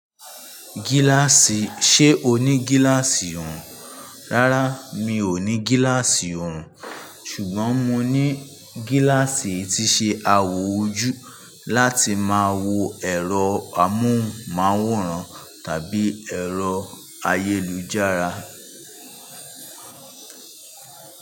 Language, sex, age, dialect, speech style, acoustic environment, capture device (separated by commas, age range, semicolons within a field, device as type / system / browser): Yoruba, male, 25-34, Nigeria - Ibadan, anxious; relaxed; focused; excited, traffic; construction; appliances; people talking; nature, mobile / Linux / Chrome